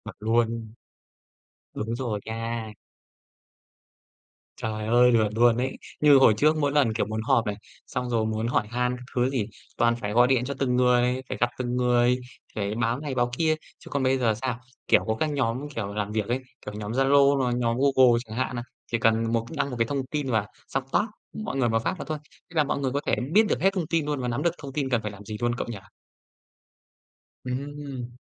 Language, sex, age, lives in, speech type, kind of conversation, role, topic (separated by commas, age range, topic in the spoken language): Vietnamese, male, 30-34, Vietnam, conversation, unstructured, none, Bạn đã từng cảm thấy vui vì hoàn thành công việc nhờ công nghệ chưa?